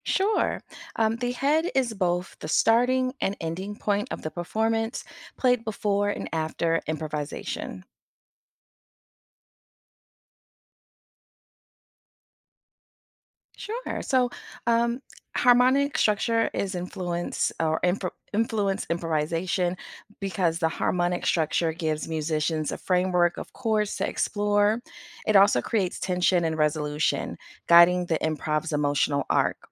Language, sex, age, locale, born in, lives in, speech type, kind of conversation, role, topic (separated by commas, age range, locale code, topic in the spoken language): English, female, 40-44, en-US, United States, United States, read, expert-assistant, expert, What is the structure of a traditional jazz improvisation?